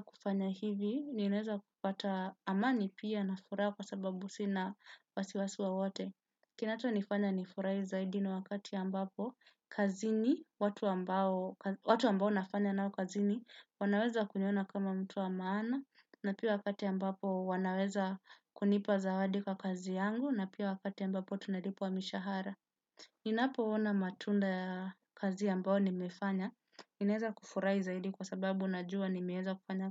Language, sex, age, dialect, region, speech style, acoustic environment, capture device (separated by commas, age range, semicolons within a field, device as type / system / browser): Swahili, male, 18-24, Kenya - Nairobi Sheng-influenced, Kenya, relaxed, silence, desktop / Windows / Chrome